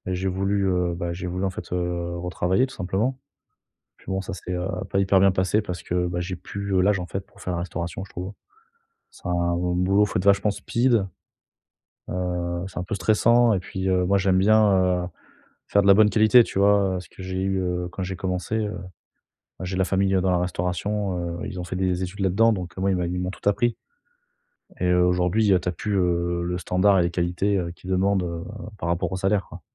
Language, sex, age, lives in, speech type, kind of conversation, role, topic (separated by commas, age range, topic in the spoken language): French, male, 30-34, France, conversation, advice, user, Comment savoir si ma carrière est en train de stagner ?